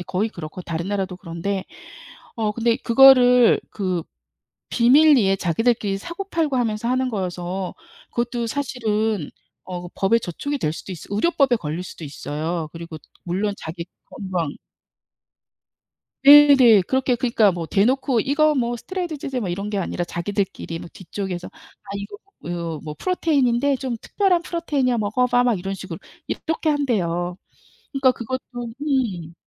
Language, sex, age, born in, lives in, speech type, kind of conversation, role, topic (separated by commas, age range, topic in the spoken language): Korean, female, 55-59, South Korea, United States, conversation, unstructured, none, 운동선수들의 약물 복용 문제를 어떻게 바라보시나요?